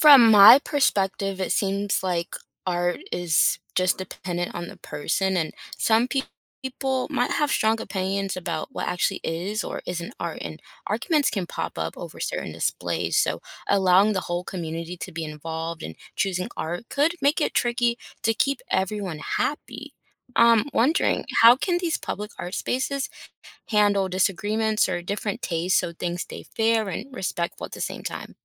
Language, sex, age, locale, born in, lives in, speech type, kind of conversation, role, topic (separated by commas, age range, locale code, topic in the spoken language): English, female, 25-29, en-US, United States, United States, read, expert-assistant, asker, What if every city had a large public art space?